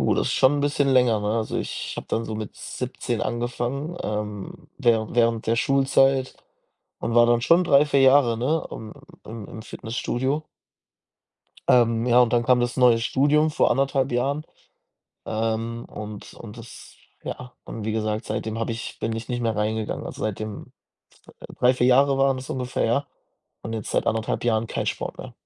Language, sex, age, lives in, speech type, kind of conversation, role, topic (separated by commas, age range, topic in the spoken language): German, male, 20-24, Germany, conversation, advice, user, Wie kann ich meine Angst überwinden, mit dem Fitnesstraining anzufangen?